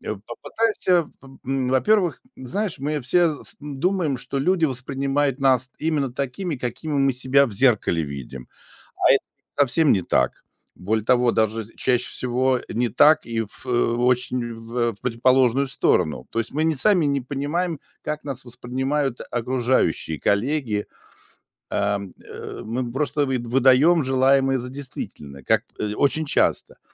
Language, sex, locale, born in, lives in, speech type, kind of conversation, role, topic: Russian, male, ru-RU, Russia, Germany, conversation, advice, advisor, В какой ситуации вы получили негативную обратную связь и как вы её обсудили?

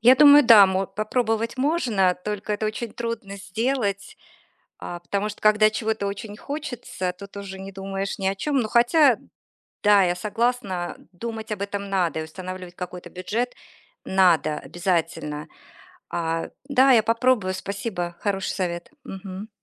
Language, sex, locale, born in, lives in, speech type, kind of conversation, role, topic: Russian, female, ru-RU, Russia, United States, conversation, advice, user, Почему я постоянно совершаю импульсивные покупки вещей, которые быстро становятся ненужными?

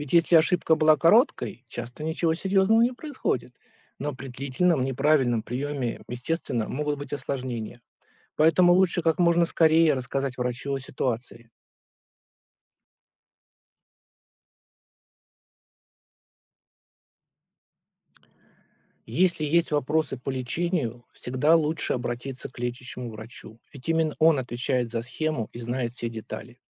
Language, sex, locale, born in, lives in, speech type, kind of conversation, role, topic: Russian, male, ru-RU, Russia, United States, read, expert-assistant, expert, Почему пациенты иногда получают противоречивые инструкции от сотрудников одной и той же клиники?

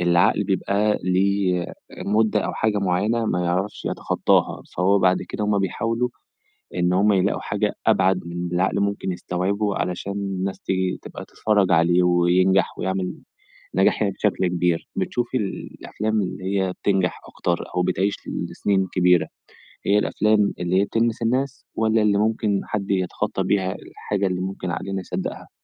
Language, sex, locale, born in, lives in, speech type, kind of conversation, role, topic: Arabic, male, ar-EG, Egypt, Egypt, conversation, podcast, host, إيه اللي بيخلي فيلم يبقى كلاسيكي مع مرور السنين؟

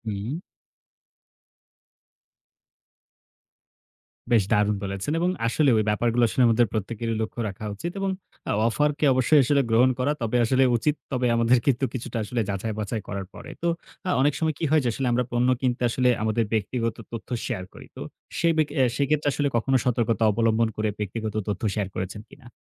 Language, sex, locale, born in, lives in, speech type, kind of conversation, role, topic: Bengali, male, bn-BD, Bangladesh, Bangladesh, conversation, podcast, host, অনলাইনে নিরাপদে কেনাকাটা কীভাবে করবেন?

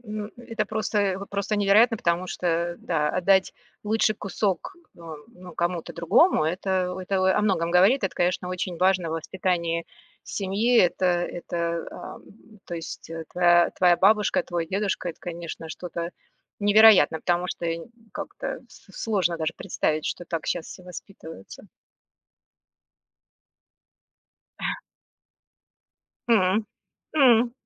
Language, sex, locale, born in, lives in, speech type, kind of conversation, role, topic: Russian, female, ru-RU, Russia, United States, conversation, podcast, host, Как вы выражаете уважение к старшим в вашей семье?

